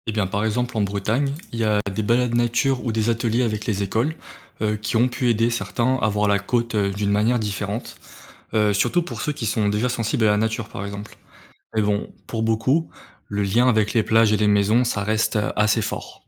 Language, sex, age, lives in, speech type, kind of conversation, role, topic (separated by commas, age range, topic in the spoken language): French, male, 20-24, France, read, expert-assistant, expert, Quelles solutions peut-on envisager pour limiter l’érosion du littoral en France, face à la montée du niveau de la mer ?